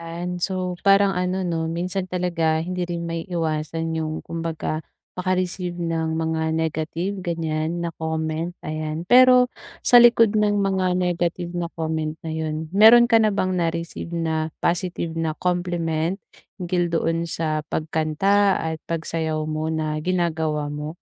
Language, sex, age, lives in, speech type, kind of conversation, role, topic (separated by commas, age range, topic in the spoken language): Filipino, female, 25-29, Philippines, conversation, advice, advisor, Paano ko makikilala at mapapahalagahan ang sarili kong kakayahan at halaga?